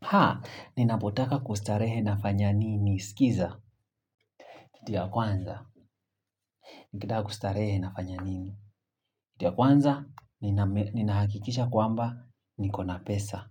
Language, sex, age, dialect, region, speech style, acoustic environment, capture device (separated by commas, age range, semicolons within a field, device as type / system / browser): Swahili, male, 25-34, Kenya - Nairobi Sheng-influenced, Kenya, focused, people talking, mobile / Linux / Chrome